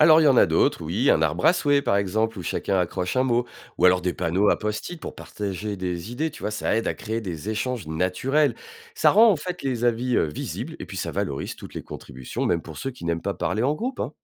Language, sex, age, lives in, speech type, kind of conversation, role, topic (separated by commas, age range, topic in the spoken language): French, male, 45-49, France, read, expert-assistant, expert, Comment trouver un terrain d’entente quand il y a des désaccords sur l’utilisation d’un parc ou d’une place publique entre habitants de différentes origines ?